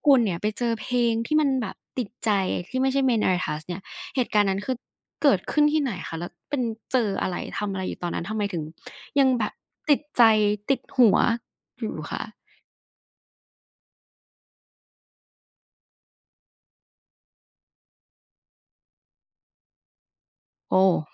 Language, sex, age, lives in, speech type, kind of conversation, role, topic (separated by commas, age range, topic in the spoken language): Thai, female, 20-24, Thailand, conversation, podcast, host, คุณมักค้นพบเพลงใหม่ๆ แบบไหนแล้วรู้สึกติดใจที่สุด?